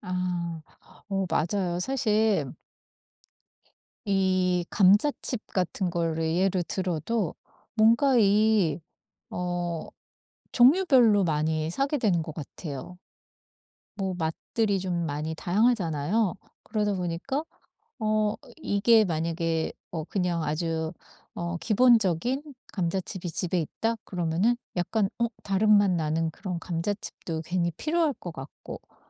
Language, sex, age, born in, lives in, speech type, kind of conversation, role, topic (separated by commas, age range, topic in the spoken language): Korean, female, 50-54, South Korea, United States, conversation, advice, user, 일상에서 소비 습관을 더 건강하게 조절하려면 어떻게 해야 할까요?